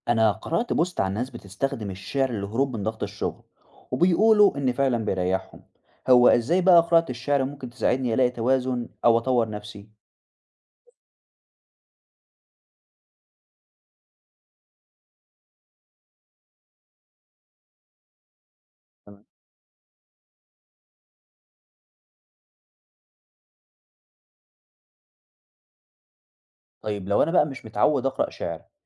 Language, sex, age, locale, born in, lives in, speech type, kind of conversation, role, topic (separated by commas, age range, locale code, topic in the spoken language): Arabic, male, 20-24, ar-EG, Egypt, Egypt, read, expert-assistant, asker, إزاي أستخدم قراءة الشعر علشان أطور نفسي وأحقق توازن في حياتي؟